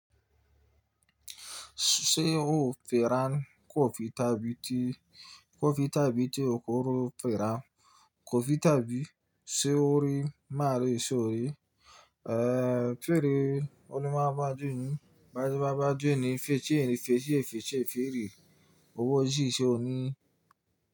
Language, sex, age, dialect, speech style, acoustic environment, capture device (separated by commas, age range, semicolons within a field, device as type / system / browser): Yoruba, male, 25-34, Nigeria - Nigerian Standard, happy; excited; focused, nature; silence, mobile / Linux / Chrome